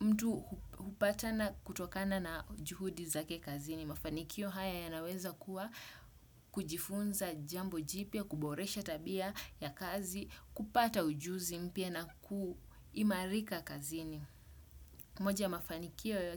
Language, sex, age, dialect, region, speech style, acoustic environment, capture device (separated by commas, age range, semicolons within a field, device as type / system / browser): Swahili, male, 25-34, Kenya - Mombasa, Kenya, focused, silence, desktop / Windows / Chrome